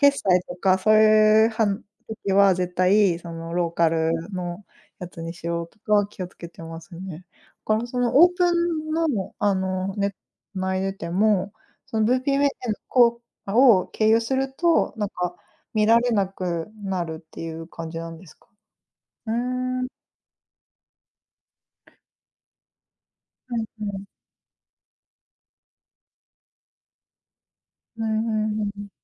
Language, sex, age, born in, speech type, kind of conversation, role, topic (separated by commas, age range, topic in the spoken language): Japanese, female, 35-39, Japan, conversation, unstructured, none, 科学の進歩でいちばん嬉しかったことは何ですか？